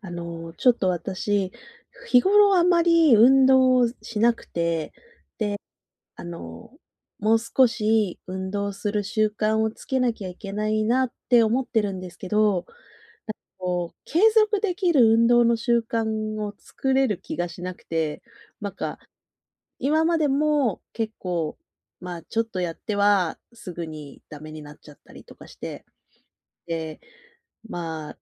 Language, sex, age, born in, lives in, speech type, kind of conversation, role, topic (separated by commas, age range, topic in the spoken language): Japanese, female, 40-44, Japan, Japan, conversation, advice, user, 運動習慣を続けることへの不安を減らし、継続できる習慣を作るにはどうすればよいですか？